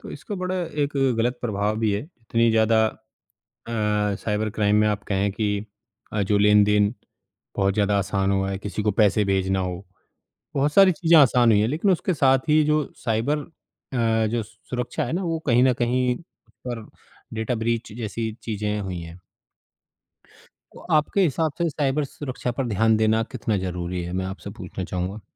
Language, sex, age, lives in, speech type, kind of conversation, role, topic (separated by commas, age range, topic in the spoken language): Hindi, male, 35-39, India, conversation, unstructured, none, क्या साइबर सुरक्षा पर ध्यान देना ज़रूरी है, और क्यों?